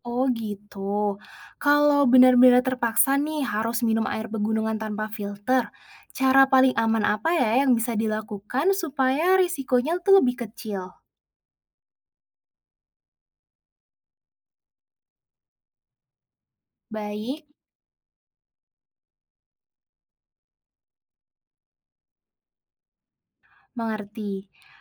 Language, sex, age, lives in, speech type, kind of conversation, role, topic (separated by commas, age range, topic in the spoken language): Indonesian, female, 20-24, Indonesia, read, expert-assistant, asker, Benarkah tidak perlu membawa alat filter air saat mendaki di pegunungan Indonesia karena sumber air pegunungan dianggap selalu bersih? Apa fakta sebenarnya dan risiko yang mungkin terjadi?